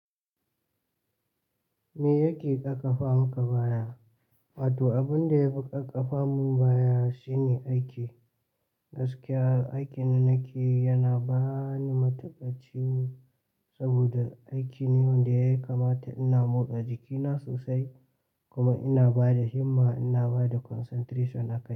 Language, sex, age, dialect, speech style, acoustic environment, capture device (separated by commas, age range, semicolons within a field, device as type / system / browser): Hausa, male, 18-24, Nigeria - Katsina, anxious, silence, mobile / Linux / Chrome